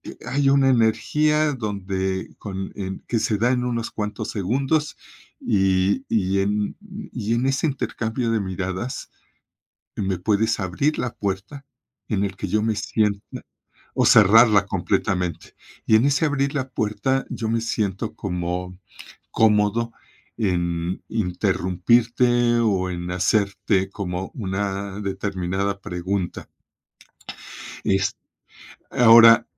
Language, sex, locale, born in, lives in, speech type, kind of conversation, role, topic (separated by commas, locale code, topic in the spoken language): Spanish, male, es-MX, Mexico, Mexico, conversation, podcast, guest, ¿Cómo construyes una buena red de contactos?